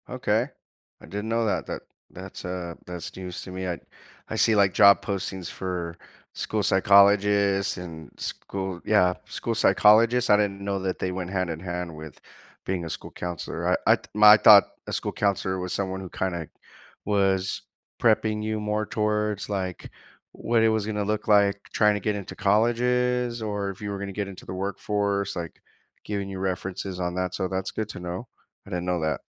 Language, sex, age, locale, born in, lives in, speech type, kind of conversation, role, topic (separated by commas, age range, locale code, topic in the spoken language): English, male, 30-34, en-US, United States, United States, conversation, unstructured, none, How has gig work shaped your path toward a steadier, fulfilling career?